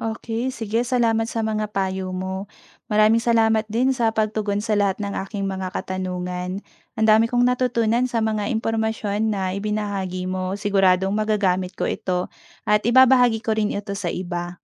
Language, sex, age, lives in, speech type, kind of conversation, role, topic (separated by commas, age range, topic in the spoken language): Filipino, female, 30-34, Philippines, read, expert-assistant, asker, Anong kakaibang paraan ang puwedeng gawin para mapabilis ang paggaling ng katawan pagkatapos magkasakit?